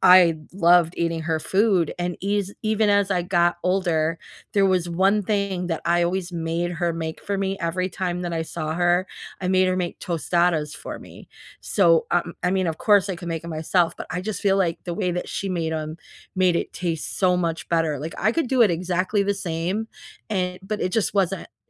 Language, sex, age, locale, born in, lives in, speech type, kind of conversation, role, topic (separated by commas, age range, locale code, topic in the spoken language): English, female, 50-54, en-US, United States, United States, conversation, unstructured, none, What comfort food do you reach for, and what memories or rituals make it soothing for you?